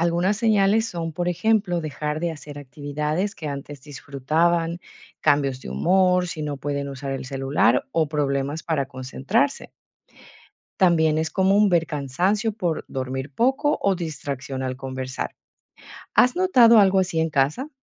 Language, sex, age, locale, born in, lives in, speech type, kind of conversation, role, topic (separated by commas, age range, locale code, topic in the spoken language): Spanish, female, 40-44, es-EC, Ecuador, Netherlands, read, expert-assistant, expert, ¿Cómo podemos reducir el uso de redes sociales y hablar sobre sus efectos en la familia?